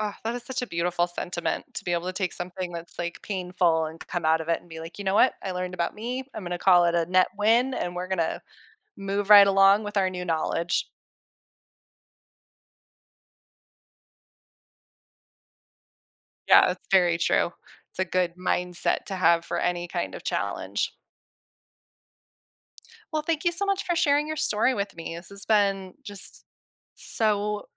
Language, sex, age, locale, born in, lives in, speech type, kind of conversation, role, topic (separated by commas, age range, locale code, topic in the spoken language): English, female, 35-39, en-US, United States, United States, conversation, podcast, host, How has overcoming a difficult experience shaped who you are today?